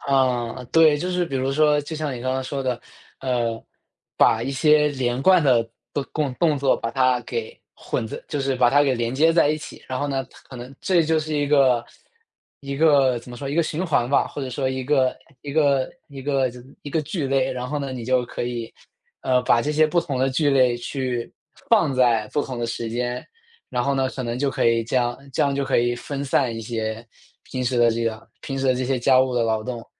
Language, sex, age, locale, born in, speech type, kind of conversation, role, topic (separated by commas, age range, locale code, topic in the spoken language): Chinese, male, 25-29, zh-CN, China, conversation, advice, advisor, 你在把家务做成固定习惯方面遇到哪些困难？